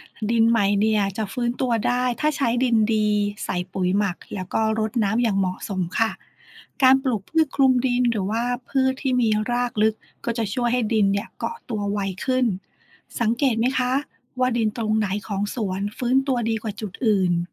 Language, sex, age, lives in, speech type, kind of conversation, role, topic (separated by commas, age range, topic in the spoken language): Thai, female, 40-44, Thailand, read, expert-assistant, expert, การเปลี่ยนแปลงของแม่น้ำหรือหน้าดิน มีผลดีผลเสียต่อชีวิตประจำวันอย่างไร